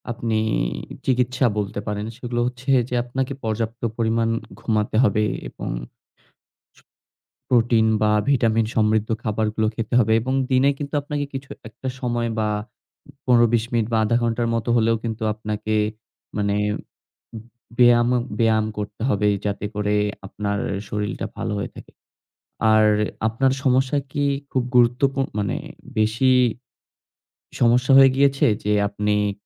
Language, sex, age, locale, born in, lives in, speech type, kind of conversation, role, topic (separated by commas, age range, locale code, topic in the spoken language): Bengali, male, 20-24, bn-BD, Bangladesh, Bangladesh, conversation, advice, advisor, কাজের চাপে বিশ্রাম না নিয়ে একটানা কাজ করলে আমি কেন দ্রুত ক্লান্ত হয়ে পড়ি?